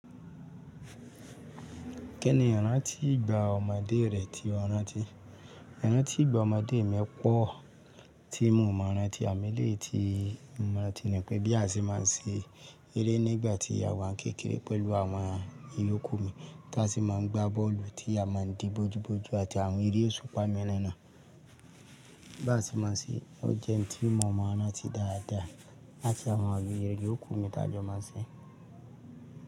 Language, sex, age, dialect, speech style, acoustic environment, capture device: Yoruba, male, 35-44, Nigeria - Lagos Yoruba, happy, people talking, mobile / Linux / Chrome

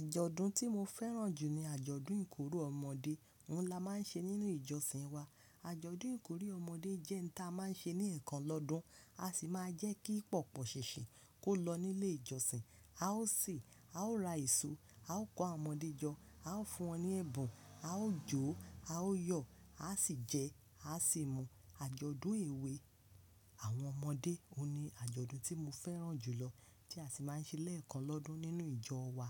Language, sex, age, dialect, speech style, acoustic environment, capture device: Yoruba, female, 25-34, Nigeria - Lagos Yoruba, happy; focused, people talking, mobile / Linux / Chrome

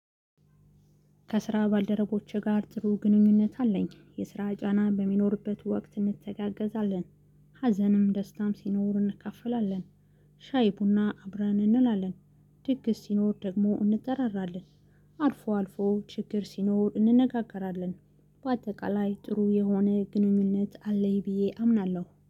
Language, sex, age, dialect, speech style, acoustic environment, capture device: Amharic, female, 35-44, Ethiopia - Gondar, happy, silence, mobile / Linux / Chrome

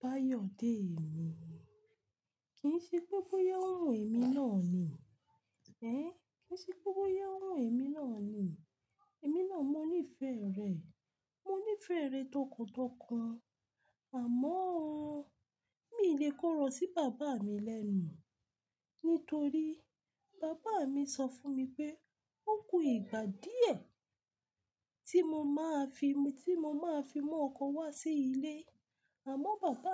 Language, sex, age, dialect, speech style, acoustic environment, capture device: Yoruba, female, 25-34, Nigeria - Lagos Yoruba, relaxed, silence, mobile / Linux / Chrome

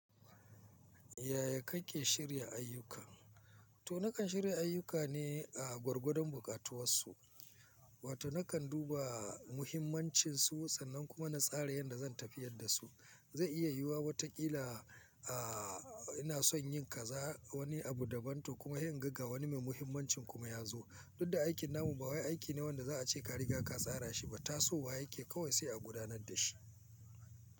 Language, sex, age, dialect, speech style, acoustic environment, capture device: Hausa, male, 45-54, Nigeria - Kano, focused, silence, mobile / Linux / Chrome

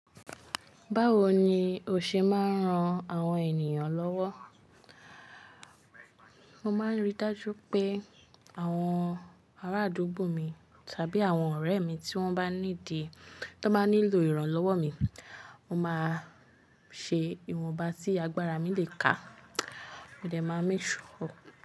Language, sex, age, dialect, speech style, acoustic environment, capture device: Yoruba, female, 25-34, Nigeria - Lagos Yoruba, focused, nature; appliances, mobile / Linux / Chrome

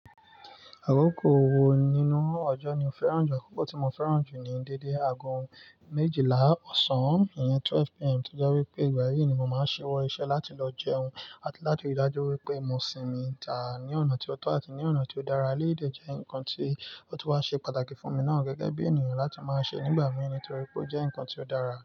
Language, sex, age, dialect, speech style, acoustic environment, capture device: Yoruba, male, 25-34, Nigeria - Nigerian Standard, relaxed, nature, mobile / Linux / Chrome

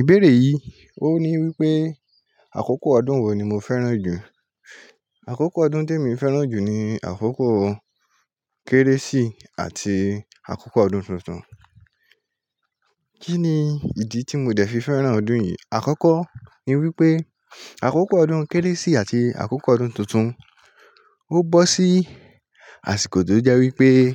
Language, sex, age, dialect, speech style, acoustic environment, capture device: Yoruba, male, 18-24, Nigeria - Lagos Yoruba, happy; relaxed, traffic, mobile / Linux / Chrome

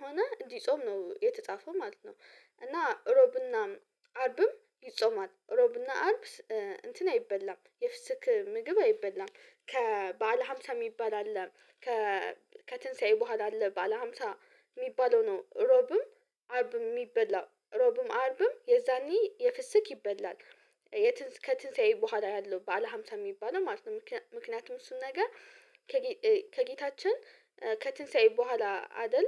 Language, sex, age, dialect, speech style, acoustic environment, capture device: Amharic, female, 18-24, Ethiopia - Addis Ababa, happy, silence, mobile / Linux / Chrome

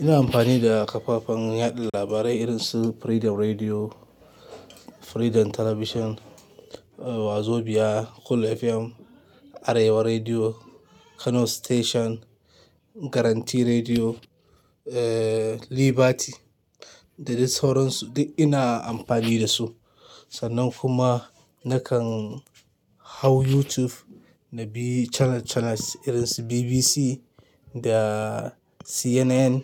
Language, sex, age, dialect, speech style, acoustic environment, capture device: Hausa, male, 25-34, Nigeria - Kano, happy, people talking, mobile / Linux / Chrome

